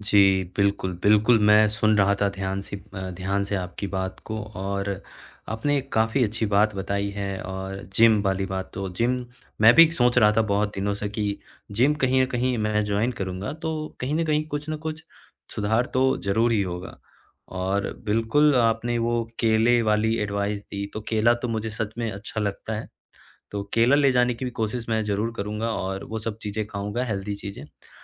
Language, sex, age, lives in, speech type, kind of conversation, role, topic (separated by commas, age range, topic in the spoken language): Hindi, male, 20-24, India, conversation, advice, user, दैनिक काम के बीच स्वस्थ खाने का समय न मिलना